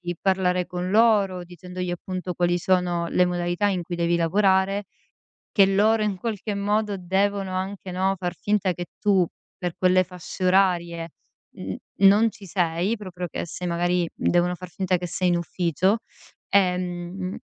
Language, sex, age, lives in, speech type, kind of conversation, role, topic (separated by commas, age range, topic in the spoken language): Italian, female, 35-39, Italy, conversation, advice, advisor, Come posso imparare a dire di no e restare concentrato sui miei obiettivi?